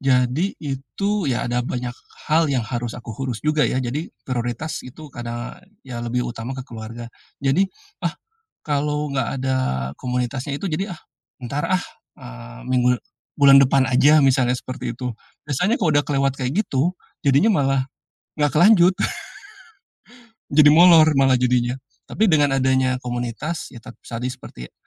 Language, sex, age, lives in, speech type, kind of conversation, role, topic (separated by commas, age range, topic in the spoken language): Indonesian, male, 45-49, Indonesia, conversation, podcast, guest, Apa peran teman atau komunitas dalam membantu kita mempertahankan rutinitas?